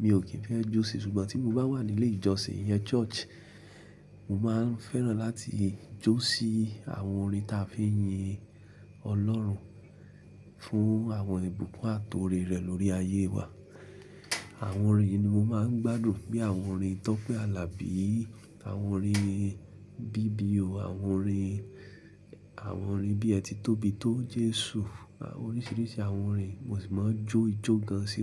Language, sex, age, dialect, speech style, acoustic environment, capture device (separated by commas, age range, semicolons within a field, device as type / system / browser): Yoruba, male, 25-34, Nigeria - Ibadan, relaxed, appliances; silence, mobile / Linux / Chrome